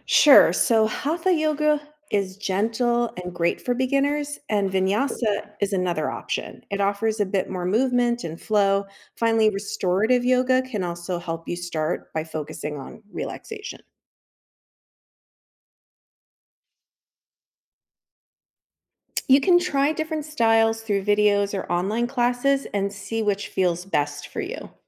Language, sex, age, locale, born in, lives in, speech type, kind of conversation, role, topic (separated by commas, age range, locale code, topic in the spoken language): English, female, 50-54, en-US, United States, United States, read, expert-assistant, expert, How do you get started practicing yoga at home?